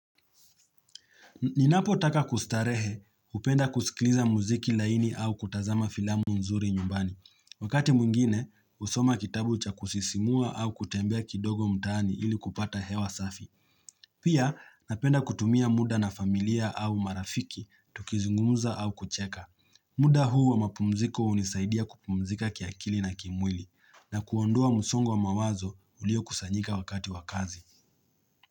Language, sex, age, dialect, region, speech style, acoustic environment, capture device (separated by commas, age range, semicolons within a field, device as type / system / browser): Swahili, male, 25-34, Kenya - Nairobi Sheng-influenced, Kenya, relaxed; focused, nature; silence, mobile / Linux / Chrome